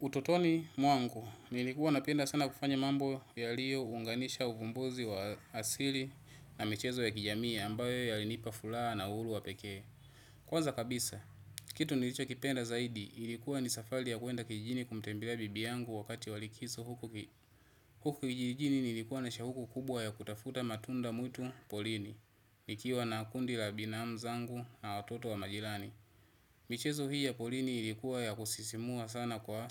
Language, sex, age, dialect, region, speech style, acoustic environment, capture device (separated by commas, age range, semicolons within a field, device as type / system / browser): Swahili, male, 25-34, Tanzania - Dar es Salaam, Tanzania, relaxed, people talking, desktop / Windows / Chrome